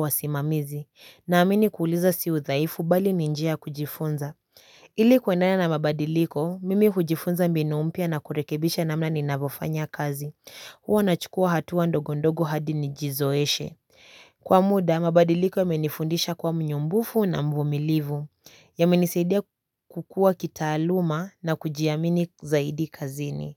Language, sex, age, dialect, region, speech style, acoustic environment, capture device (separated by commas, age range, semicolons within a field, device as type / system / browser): Swahili, female, 18-24, Kenya - Nairobi Sheng-influenced, Kenya, excited, silence, mobile / Linux / Chrome